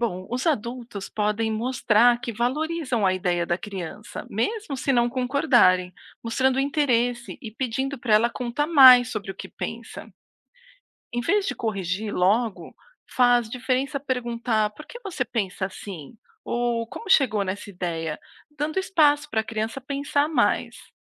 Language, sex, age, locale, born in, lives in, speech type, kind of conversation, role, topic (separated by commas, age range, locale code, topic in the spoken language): Portuguese, female, 40-44, pt-BR, Brazil, United States, read, expert-assistant, expert, Por que é importante desenvolver o pensamento crítico desde cedo?